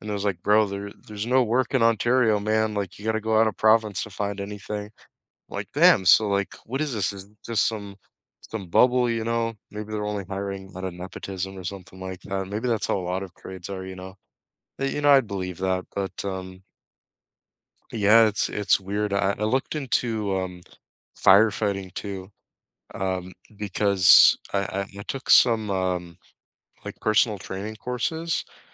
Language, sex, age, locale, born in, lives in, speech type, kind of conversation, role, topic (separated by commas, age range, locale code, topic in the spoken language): English, male, 20-24, en-CA, Canada, Canada, conversation, unstructured, none, Which everyday items on your desk have surprising stories behind them, and what do they reveal about you?